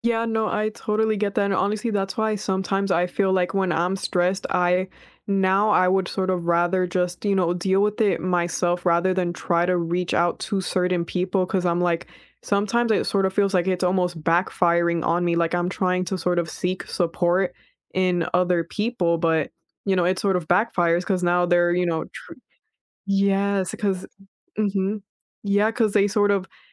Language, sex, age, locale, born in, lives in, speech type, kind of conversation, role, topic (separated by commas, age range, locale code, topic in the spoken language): English, female, 20-24, en-US, United States, United States, conversation, unstructured, none, How do you manage stress when life gets unexpectedly busy?